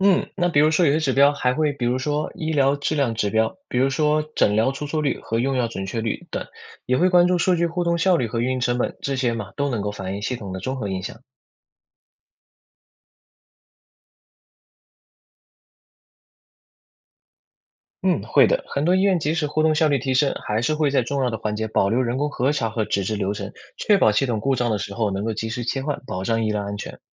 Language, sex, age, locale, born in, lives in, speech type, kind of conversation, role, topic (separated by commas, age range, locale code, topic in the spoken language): Chinese, male, 20-24, zh-CN, China, United States, read, expert-assistant, expert, 在中国医院引入智能化管理系统有哪些利弊？